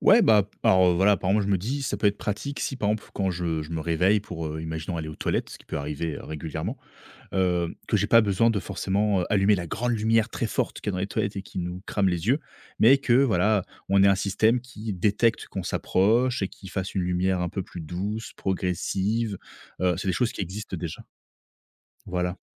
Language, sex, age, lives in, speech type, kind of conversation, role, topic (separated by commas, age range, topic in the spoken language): French, male, 30-34, France, conversation, podcast, guest, À quoi ressembleront nos maisons avec la technologie dans dix ans ?